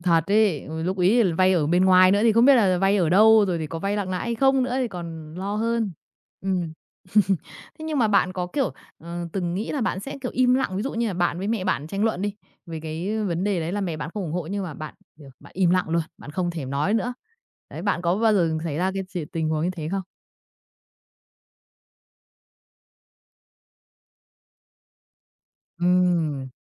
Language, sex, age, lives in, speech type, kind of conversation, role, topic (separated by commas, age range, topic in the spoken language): Vietnamese, female, 30-34, Vietnam, conversation, podcast, host, Bạn đối mặt ra sao với sự nghi ngờ từ người thân khi đổi nghề?